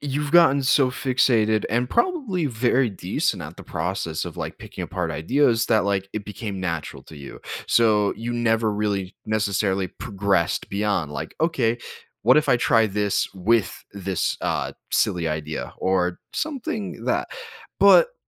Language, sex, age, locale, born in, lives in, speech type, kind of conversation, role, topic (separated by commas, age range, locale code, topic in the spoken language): English, male, 20-24, en-US, United States, United States, conversation, advice, advisor, How can I break out of my comfort zone?